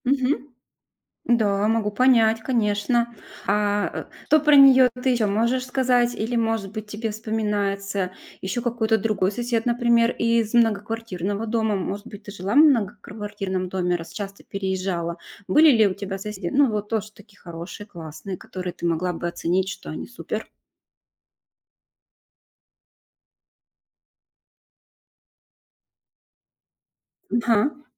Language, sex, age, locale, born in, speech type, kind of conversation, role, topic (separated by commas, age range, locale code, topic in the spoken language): Russian, female, 40-44, ru-RU, Russia, conversation, podcast, host, Что для вас значит быть хорошим соседом?